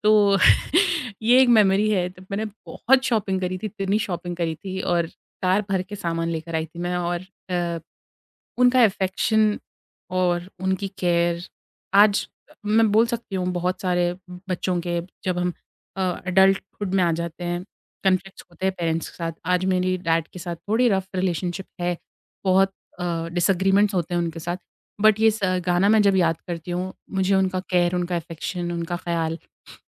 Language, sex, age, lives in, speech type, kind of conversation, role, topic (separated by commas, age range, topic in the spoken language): Hindi, female, 25-29, India, conversation, podcast, guest, Kaunsa gaana tumhein bachpan ki sabse badi yaad dilata hai?